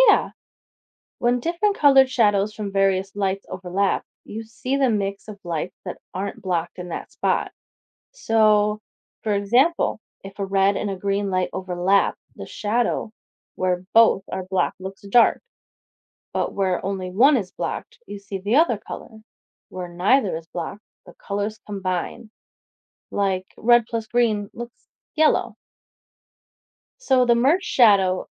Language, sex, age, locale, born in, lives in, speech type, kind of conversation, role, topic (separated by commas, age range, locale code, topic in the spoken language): English, female, 25-29, en-US, United States, United States, read, expert-assistant, expert, What causes a shadow to form?